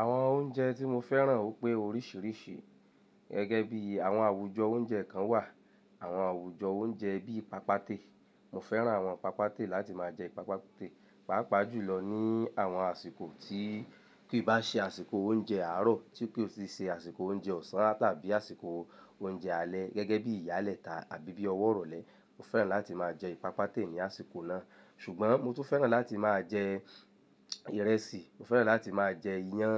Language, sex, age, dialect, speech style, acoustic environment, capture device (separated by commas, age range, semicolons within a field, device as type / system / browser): Yoruba, male, 25-34, Nigeria - Lagos Yoruba, happy; relaxed, silence, mobile / Linux / Chrome